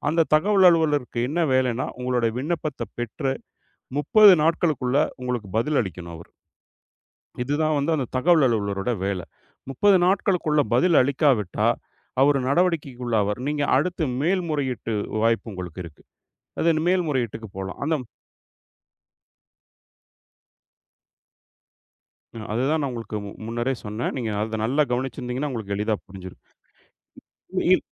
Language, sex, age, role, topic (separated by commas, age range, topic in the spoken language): Tamil, male, 40-44, guest, கற்றுக்கொண்டதை மற்றவர்களுக்கு கற்றுக் கொடுத்த ஒரு நேரம் உங்களுக்கு நினைவில் இருக்கிறதா?